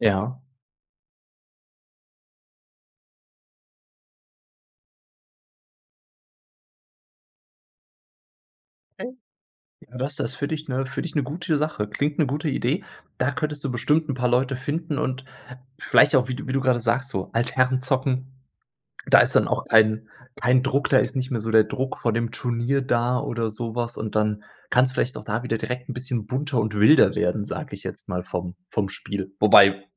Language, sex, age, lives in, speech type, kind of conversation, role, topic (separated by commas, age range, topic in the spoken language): German, male, 30-34, Germany, conversation, podcast, host, Wie spielst du kreativ, und was gehört für dich dazu?